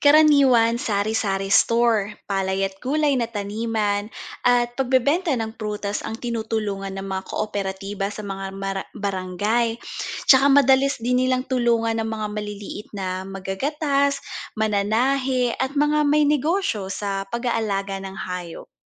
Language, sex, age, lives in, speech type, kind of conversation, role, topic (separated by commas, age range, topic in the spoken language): Filipino, female, 30-34, Philippines, read, expert-assistant, expert, Paano napapabuti ng mga kooperatiba ang kabuhayan ng mga miyembro nito?